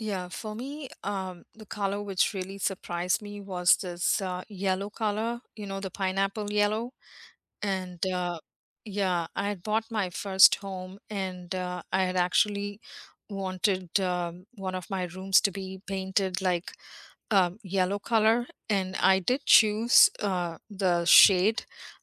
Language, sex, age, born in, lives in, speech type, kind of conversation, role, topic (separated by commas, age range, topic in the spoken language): English, female, 50-54, India, United States, conversation, unstructured, none, What paint color or finish has pleasantly surprised you?